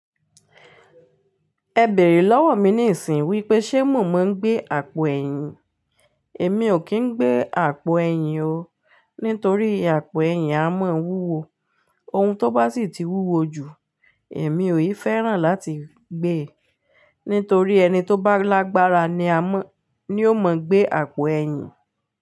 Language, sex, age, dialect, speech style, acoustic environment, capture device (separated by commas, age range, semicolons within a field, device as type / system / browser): Yoruba, female, 35-44, Nigeria - Ibadan, happy, silence, mobile / Linux / Chrome